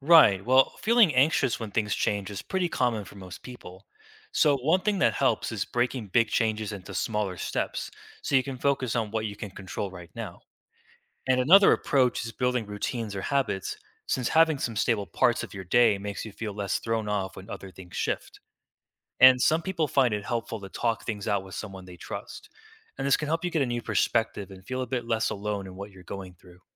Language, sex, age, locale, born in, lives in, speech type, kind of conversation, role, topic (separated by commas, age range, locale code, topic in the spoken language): English, male, 20-24, en-US, United States, United States, read, expert-assistant, expert, Why is it important to accept change in life?